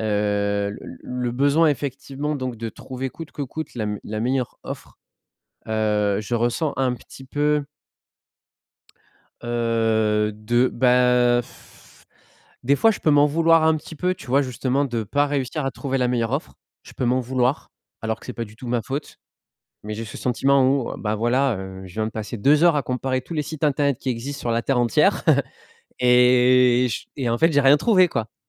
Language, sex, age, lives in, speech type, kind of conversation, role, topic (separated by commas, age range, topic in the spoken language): French, male, 30-34, France, conversation, advice, user, Comment décririez-vous votre peur de rater une bonne affaire ou une promotion ?